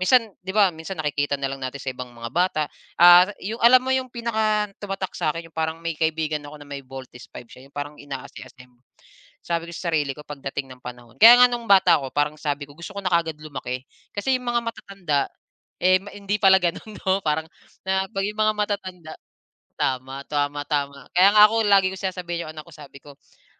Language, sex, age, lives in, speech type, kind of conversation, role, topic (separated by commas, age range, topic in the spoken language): Filipino, male, 35-39, Philippines, conversation, unstructured, none, Ano ang pinakamasayang alaala mo habang ginagawa ang paborito mong libangan?